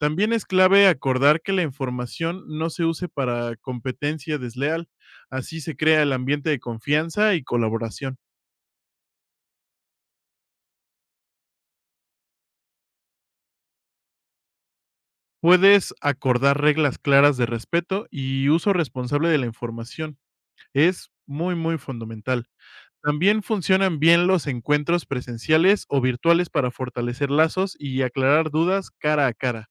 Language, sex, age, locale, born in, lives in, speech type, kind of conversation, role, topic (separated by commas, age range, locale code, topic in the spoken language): Spanish, male, 25-29, es-MX, Mexico, Mexico, read, expert-assistant, expert, ¿Cómo puedo mantenerme actualizado sobre innovaciones tecnológicas en agricultura sin perderme entre tanta información?